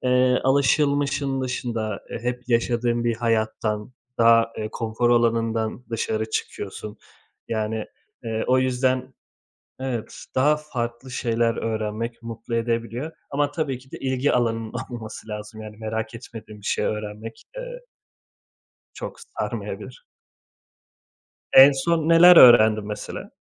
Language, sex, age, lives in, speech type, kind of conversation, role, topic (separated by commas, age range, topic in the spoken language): Turkish, male, 30-34, Italy, conversation, unstructured, none, Yeni bir şey öğrenmek sana nasıl hissettiriyor?